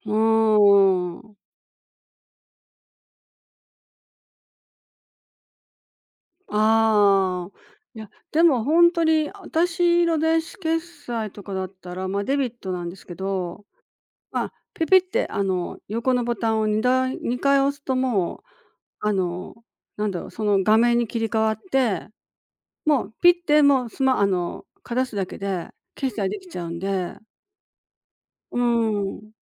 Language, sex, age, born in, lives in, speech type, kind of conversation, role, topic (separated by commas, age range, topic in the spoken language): Japanese, female, 60-64, Japan, Japan, conversation, podcast, guest, 電子決済に切り替えてみて、どんな感想を持ちましたか？